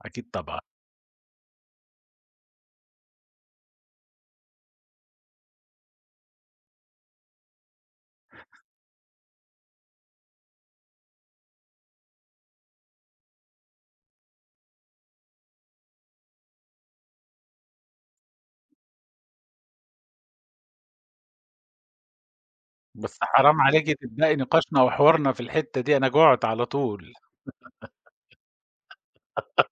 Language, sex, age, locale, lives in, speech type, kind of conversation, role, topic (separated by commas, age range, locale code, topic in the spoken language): Arabic, male, 50-54, ar-SA, Germany, conversation, podcast, host, احكيلي عن تقليد عائلي إنت مش قادر تستغنى عنه؟